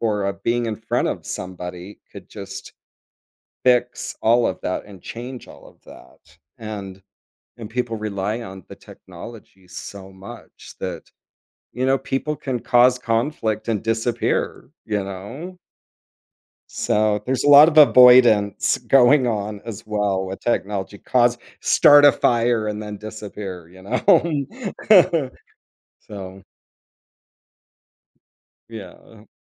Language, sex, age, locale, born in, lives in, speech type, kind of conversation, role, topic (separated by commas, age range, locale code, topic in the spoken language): English, male, 50-54, en-US, United States, United States, conversation, podcast, guest, In what ways has technology transformed our everyday interactions with others?